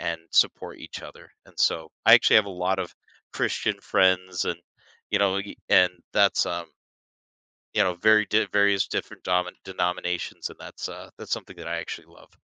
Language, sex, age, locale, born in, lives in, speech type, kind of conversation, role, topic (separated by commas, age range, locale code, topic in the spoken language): English, male, 30-34, en-US, United States, United States, conversation, podcast, guest, How does your faith shape your everyday choices and experiences?